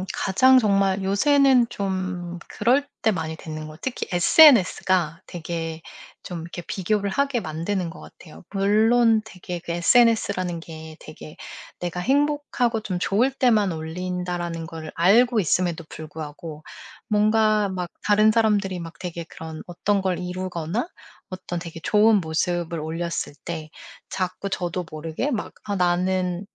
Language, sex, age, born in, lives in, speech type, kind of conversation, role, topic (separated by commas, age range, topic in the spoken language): Korean, female, 40-44, South Korea, United States, conversation, podcast, guest, 남들과 비교하지 않으려면 어떻게 하면 좋을까요?